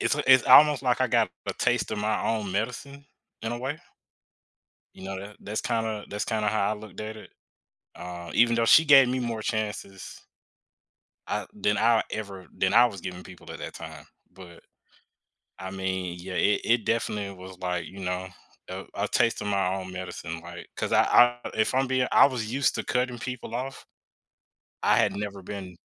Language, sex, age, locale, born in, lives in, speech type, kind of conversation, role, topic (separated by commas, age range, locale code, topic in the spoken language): English, male, 25-29, en-US, United States, United States, conversation, podcast, guest, How does the act of forgiving impact our relationships and personal growth?